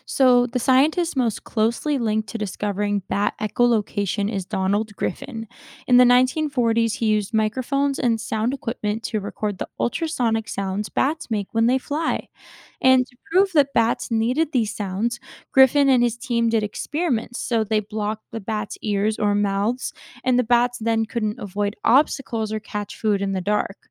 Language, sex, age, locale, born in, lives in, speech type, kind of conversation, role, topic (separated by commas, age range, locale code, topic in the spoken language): English, female, 20-24, en-US, United States, United States, read, expert-assistant, expert, How do bats use echolocation to find food?